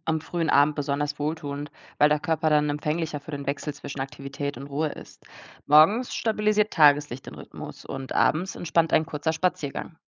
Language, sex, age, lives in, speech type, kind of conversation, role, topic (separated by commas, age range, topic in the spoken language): German, female, 20-24, Germany, read, expert-assistant, expert, Wie könnten wir unsere täglichen Routinen anders gestalten, damit sie unserem seelischen Wohlbefinden besser helfen?